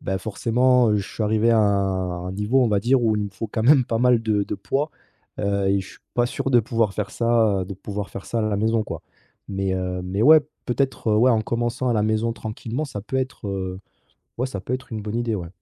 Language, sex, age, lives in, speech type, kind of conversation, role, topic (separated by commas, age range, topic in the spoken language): French, male, 30-34, France, conversation, advice, user, Comment puis-je reprendre une habitude saine après une longue pause ?